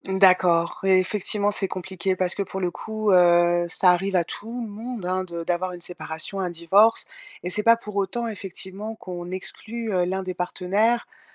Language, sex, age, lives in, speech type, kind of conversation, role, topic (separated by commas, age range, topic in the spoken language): French, female, 50-54, France, conversation, advice, advisor, Comment gérer le sentiment d’exclusion pendant les fêtes et les réunions ?